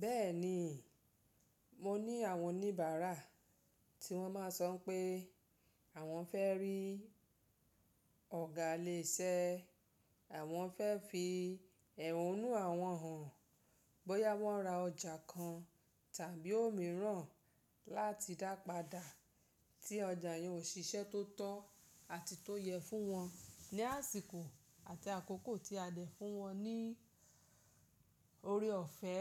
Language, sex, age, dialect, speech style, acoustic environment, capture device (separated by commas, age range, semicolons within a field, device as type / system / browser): Yoruba, female, 35-44, Nigeria - Lagos Yoruba, happy; focused, silence, mobile / Linux / Chrome